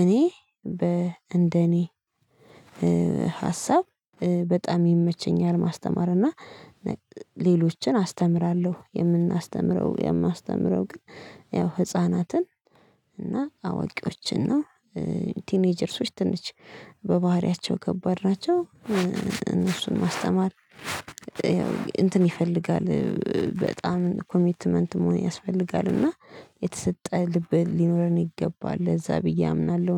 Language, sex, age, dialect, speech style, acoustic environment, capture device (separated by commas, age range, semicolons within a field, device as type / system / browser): Amharic, female, 25-34, Ethiopia - Addis Ababa, tired, silence, mobile / Linux / Chrome